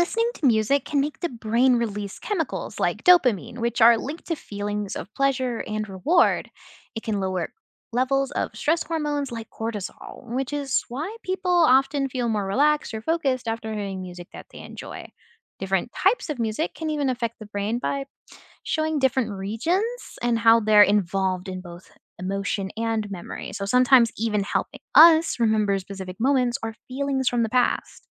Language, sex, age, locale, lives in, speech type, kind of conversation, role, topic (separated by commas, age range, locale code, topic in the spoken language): English, other, 20-24, en-US, United States, read, expert-assistant, expert, What are the psychological effects of music on the brain?